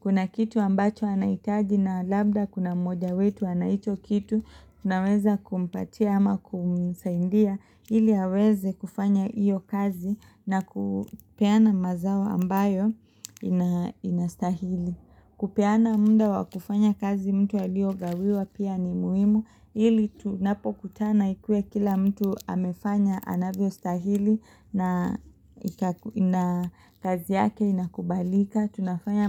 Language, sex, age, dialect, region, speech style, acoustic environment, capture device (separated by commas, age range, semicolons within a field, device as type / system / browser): Swahili, female, 25-34, Kenya - Mombasa, Kenya, excited, silence, mobile / Linux / Chrome